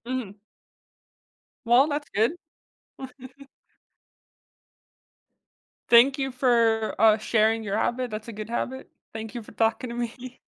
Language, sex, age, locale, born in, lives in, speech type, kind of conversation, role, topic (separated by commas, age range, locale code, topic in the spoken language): English, female, 20-24, en-US, United States, United States, conversation, podcast, host, How can small daily routines make a difference in our overall happiness?